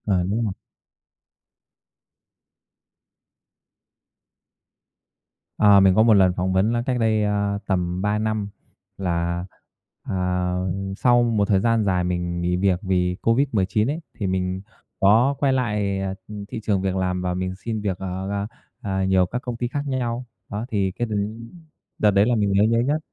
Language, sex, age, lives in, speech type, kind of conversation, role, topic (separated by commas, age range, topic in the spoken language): Vietnamese, male, 30-34, Vietnam, conversation, podcast, guest, Lần phỏng vấn nào là đáng nhớ nhất đối với bạn?